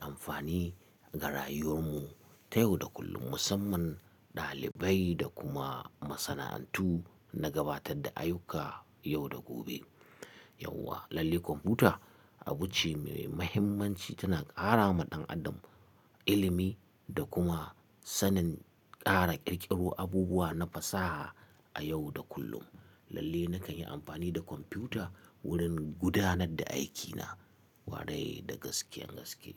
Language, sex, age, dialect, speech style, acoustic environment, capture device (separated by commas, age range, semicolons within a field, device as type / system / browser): Hausa, male, 35-44, Nigeria - Katsina, focused; excited; relaxed, silence, mobile / Linux / Chrome